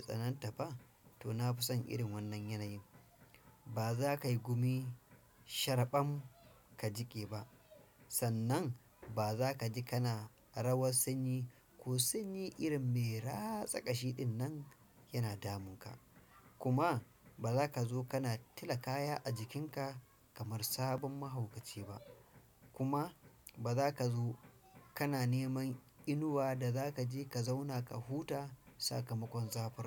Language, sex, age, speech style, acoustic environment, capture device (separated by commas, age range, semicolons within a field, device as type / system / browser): Hausa, male, 35-44, stressed; focused; anxious; happy; relaxed; nervous; excited, silence; appliances, desktop / Windows / Chrome